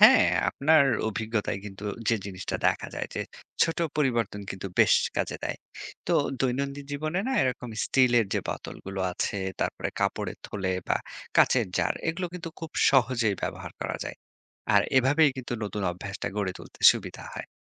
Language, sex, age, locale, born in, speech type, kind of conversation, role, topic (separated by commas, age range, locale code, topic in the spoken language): Bengali, male, 30-34, bn-BD, Bangladesh, read, expert-assistant, expert, ব্যক্তিগত বা পারিবারিক জীবনে প্লাস্টিকের ব্যবহার কমাতে কী কী চ্যালেঞ্জ আসে এবং তা কাটানোর কার্যকর সমাধান কী হতে পারে?